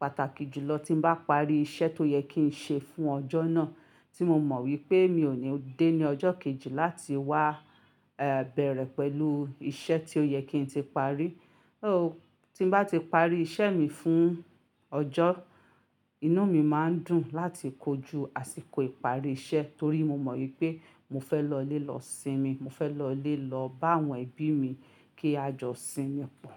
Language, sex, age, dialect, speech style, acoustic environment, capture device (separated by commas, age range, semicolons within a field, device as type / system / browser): Yoruba, female, 35-44, Nigeria - Ibadan, relaxed; excited, silence, mobile / Linux / Chrome